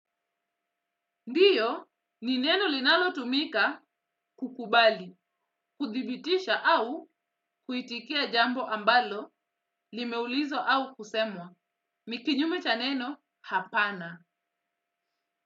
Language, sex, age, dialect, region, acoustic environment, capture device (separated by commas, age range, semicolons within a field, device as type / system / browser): Swahili, female, 25-34, Kenya - Mombasa, Kenya, silence, desktop / Windows / Edge